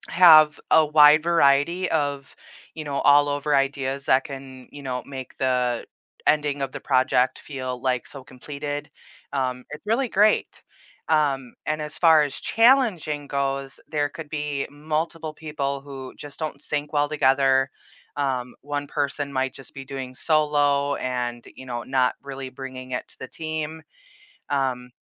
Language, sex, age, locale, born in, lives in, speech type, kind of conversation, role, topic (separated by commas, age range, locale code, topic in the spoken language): English, female, 30-34, en-US, United States, United States, conversation, podcast, guest, What factors contribute to the success or difficulty of working in a group?